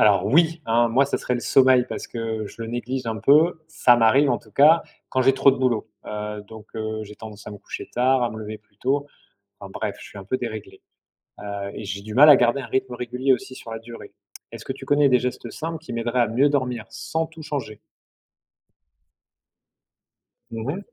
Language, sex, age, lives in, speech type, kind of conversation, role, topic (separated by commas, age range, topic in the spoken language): French, male, 45-49, France, read, expert-assistant, asker, Comment choisir quelles habitudes adopter quand on entend des messages différents sur la santé publique ?